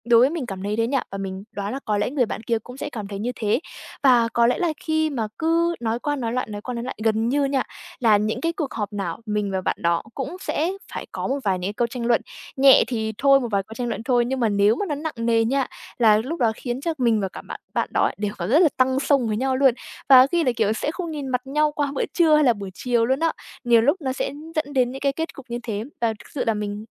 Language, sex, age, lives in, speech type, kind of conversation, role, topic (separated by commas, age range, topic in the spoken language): Vietnamese, female, 20-24, Vietnam, conversation, advice, user, Làm sao để trao đổi khi bạn hoặc người kia đang rất tức giận?